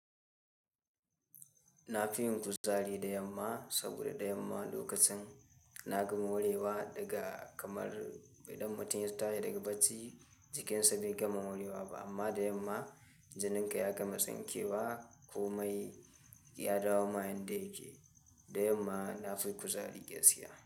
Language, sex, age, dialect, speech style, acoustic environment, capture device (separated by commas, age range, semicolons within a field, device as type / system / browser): Hausa, male, 18-24, Nigeria - Kano, happy; tired, nature, mobile / Linux / Chrome